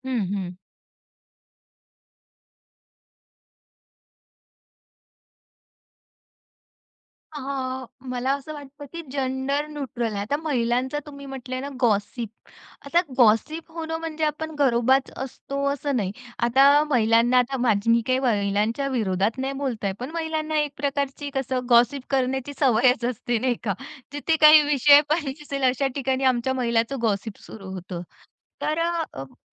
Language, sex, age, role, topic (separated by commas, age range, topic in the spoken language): Marathi, female, 35-39, guest, तुझ्यासाठी ‘घरोबा’ म्हणजे नेमकं काय असतं?